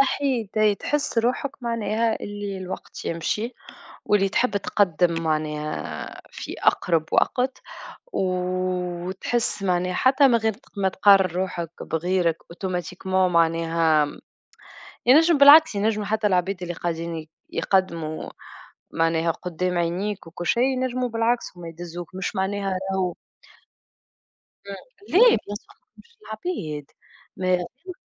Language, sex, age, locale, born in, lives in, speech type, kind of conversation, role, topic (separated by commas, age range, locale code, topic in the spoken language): Arabic, female, 25-29, ar-TN, Tunisia, Germany, conversation, unstructured, none, إزاي بتتعامل مع ضغوطاتك اليومية بشكل عام؟